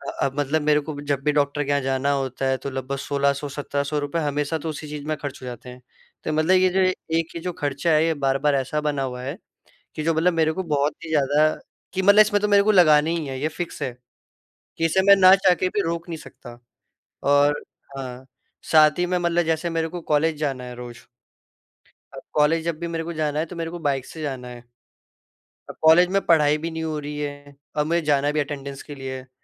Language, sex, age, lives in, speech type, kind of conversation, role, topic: Hindi, male, 18-19, India, conversation, advice, user, खर्च घटाकर बचत करते समय आपको कब दिक्कत और असंतोष महसूस होता है?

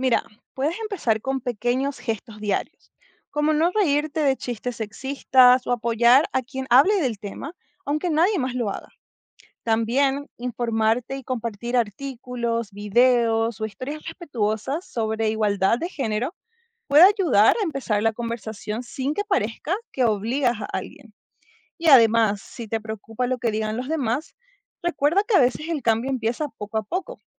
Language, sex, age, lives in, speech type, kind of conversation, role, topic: Spanish, female, 25-29, United States, read, expert-assistant, expert, Explica la importancia de la igualdad de género en el desarrollo social.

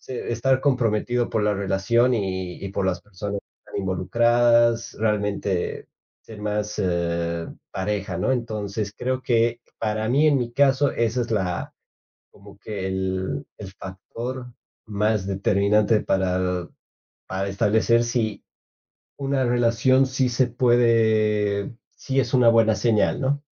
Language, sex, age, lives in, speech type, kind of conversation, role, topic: Spanish, male, 25-29, Spain, conversation, podcast, guest, ¿Qué factores consideras al elegir pareja o decidir comprometerte?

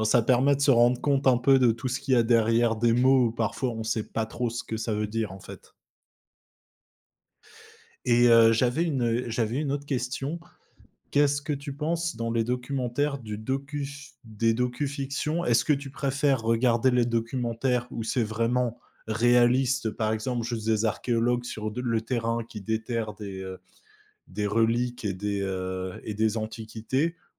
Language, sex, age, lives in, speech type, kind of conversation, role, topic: French, male, 25-29, France, conversation, podcast, host, Comment les documentaires transforment-ils notre perception du réel ?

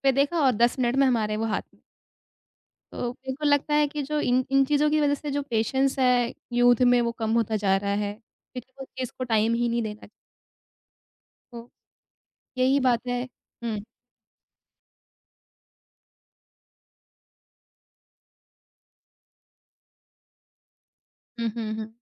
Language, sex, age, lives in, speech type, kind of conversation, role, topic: Hindi, female, 25-29, India, conversation, podcast, guest, Youth aur parents ke entertainment taste mein tumhe sabse bade farq kya nazar aate hain?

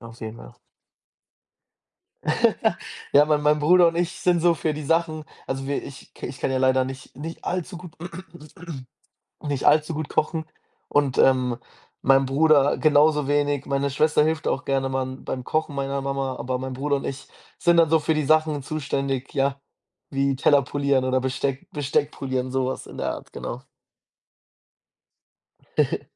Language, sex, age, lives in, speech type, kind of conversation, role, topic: German, male, 20-24, Germany, conversation, podcast, guest, Was macht ein gutes Sonntagsessen für dich aus?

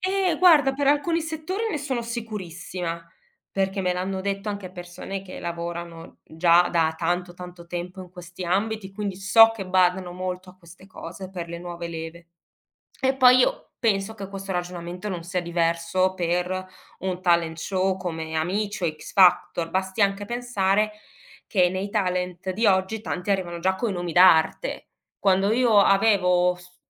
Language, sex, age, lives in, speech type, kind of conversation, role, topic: Italian, female, 40-44, Germany, conversation, podcast, guest, Come scoprivi musica nuova quando eri adolescente?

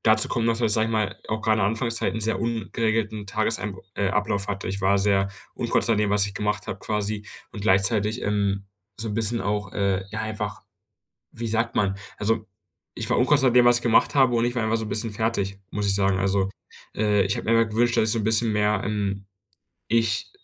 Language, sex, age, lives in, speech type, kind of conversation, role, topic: German, male, 18-19, Germany, conversation, advice, user, Wie bleibe ich motiviert, wenn meine Motivation plötzlich verschwindet?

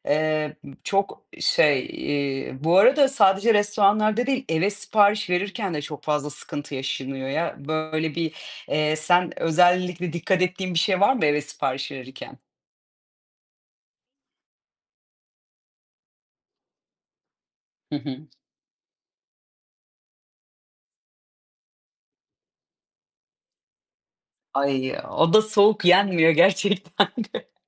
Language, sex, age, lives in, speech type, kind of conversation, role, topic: Turkish, female, 30-34, Portugal, conversation, unstructured, none, Dışarıdan yemek siparişi verirken hangi sorunlarla karşılaştın?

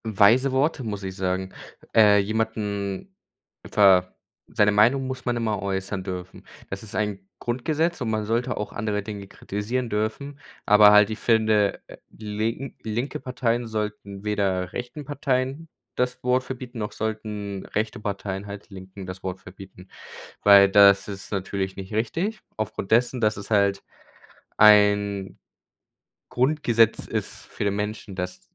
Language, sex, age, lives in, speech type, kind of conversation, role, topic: German, male, 18-19, Germany, conversation, unstructured, none, Wie kannst du andere von deiner politischen Meinung überzeugen?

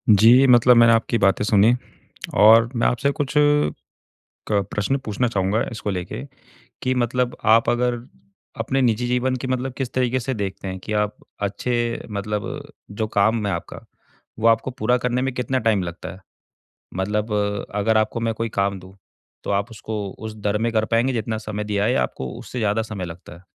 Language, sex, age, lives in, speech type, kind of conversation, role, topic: Hindi, male, 25-29, India, conversation, advice, advisor, मैं काम और निजी जीवन के बीच स्पष्ट सीमाएँ कैसे रखूँ?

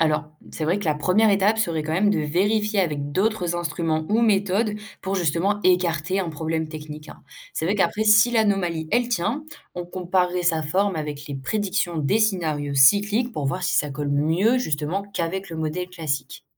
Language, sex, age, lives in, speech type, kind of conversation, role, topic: French, female, 18-19, France, read, expert-assistant, expert, On dit que l’univers s’agrandit, mais est-ce vraiment tout l’espace qui grossit, ou juste les objets qui s’éloignent ?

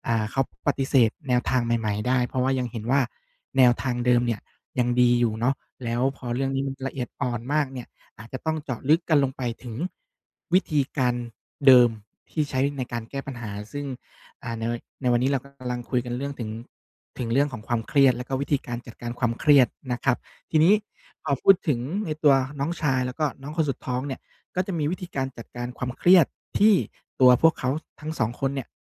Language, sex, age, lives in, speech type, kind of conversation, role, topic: Thai, male, 30-34, Thailand, conversation, advice, advisor, ฉันควรทำอย่างไรเมื่อกลัวว่าจะถูกตัดสินเพราะทำตามความสนใจส่วนตัวของฉัน?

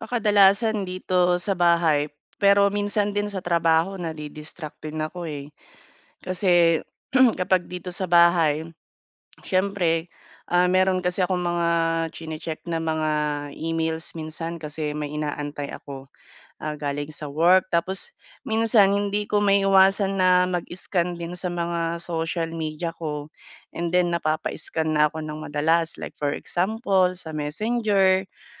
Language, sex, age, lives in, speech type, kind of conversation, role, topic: Filipino, female, 30-34, Philippines, conversation, advice, user, Paano ko maiiwasan ang mga madalas na distraksyon habang nagpo-focus ako?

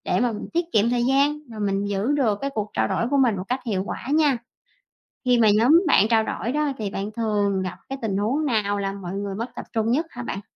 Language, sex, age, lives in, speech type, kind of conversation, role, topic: Vietnamese, female, 30-34, Vietnam, read, expert-assistant, expert, Những kỹ năng cần thiết để làm việc nhóm hiệu quả là gì?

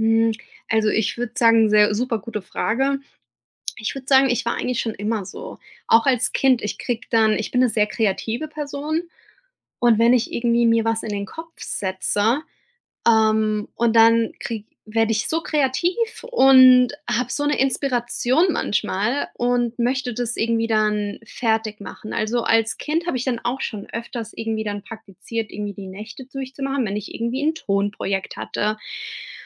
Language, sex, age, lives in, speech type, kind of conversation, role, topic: German, female, 35-39, United States, conversation, advice, user, Woran erkenne ich frühe Warnsignale von Überlastung?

